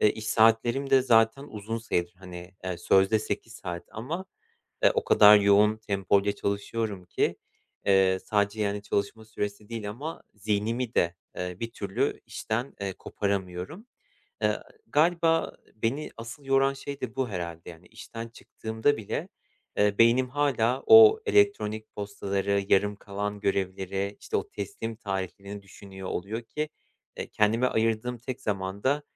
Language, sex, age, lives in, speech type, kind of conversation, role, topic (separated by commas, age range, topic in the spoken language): Turkish, male, 30-34, Poland, conversation, advice, user, İş ve dinlenme dengesini nasıl kurabilirim, sürekli yorgun hissetmemi nasıl azaltabilirim?